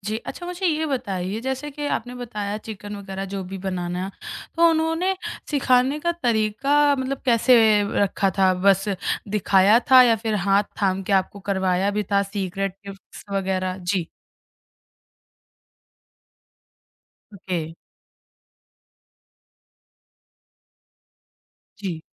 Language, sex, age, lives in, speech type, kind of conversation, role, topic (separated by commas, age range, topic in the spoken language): Hindi, male, 30-34, India, conversation, podcast, host, Kisi local ne tumhe cooking ya craft sikhaya — experience kaisa tha?